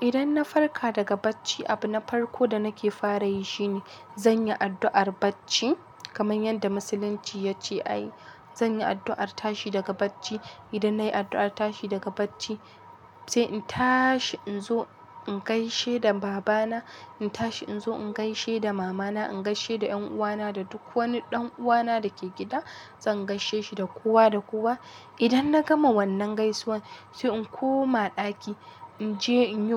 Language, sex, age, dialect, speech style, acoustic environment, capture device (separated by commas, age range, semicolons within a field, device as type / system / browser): Hausa, female, 18-24, Nigeria - Kano, happy, construction, mobile / Linux / Chrome